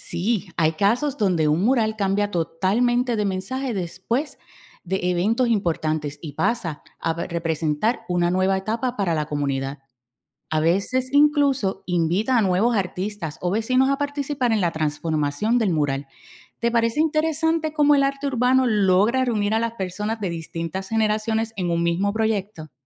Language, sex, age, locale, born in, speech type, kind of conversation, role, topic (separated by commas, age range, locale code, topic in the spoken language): Spanish, female, 45-49, es-US, Puerto Rico, read, expert-assistant, expert, ¿Qué es más impactante para el espectador: una pintura realista o una obra abstracta?